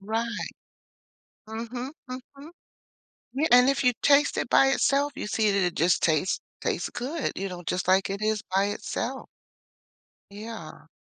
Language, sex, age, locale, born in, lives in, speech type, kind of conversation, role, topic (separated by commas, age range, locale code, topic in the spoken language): English, female, 60-64, en-US, United States, United States, conversation, podcast, guest, How do your experiences shape your approach to health and well-being?